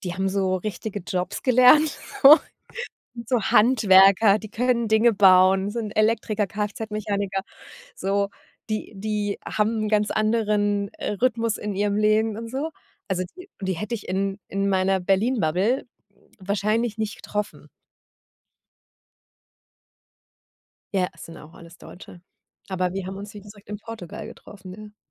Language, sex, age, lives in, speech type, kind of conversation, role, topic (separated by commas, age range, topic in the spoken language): German, female, 40-44, Germany, conversation, podcast, guest, Was war der schönste Zufall, den du auf einer Reise erlebt hast?